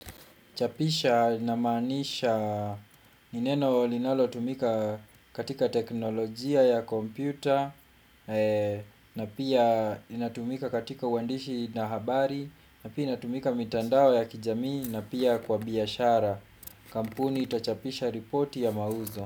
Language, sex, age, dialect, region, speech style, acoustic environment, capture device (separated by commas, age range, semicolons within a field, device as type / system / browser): Swahili, male, 25-34, Kenya - Kiambu, Kenya, relaxed, silence, desktop / Windows / Chrome